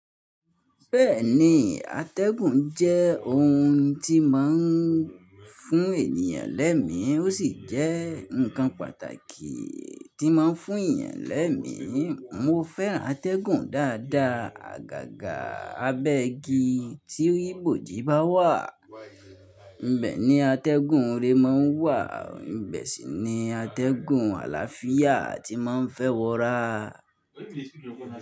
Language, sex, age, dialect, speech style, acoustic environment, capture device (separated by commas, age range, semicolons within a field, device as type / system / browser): Yoruba, male, 25-34, Nigeria - Nigerian Standard, focused, nature, mobile / Linux / Chrome